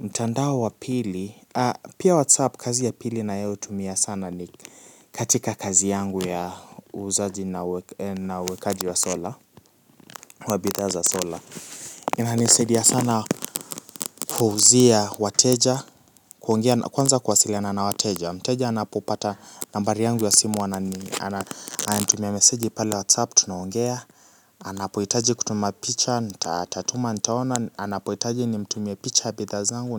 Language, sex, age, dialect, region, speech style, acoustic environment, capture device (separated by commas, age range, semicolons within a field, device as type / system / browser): Swahili, male, 18-24, Kenya - Nairobi Sheng-influenced, Kenya, relaxed, people talking, mobile / Linux / Chrome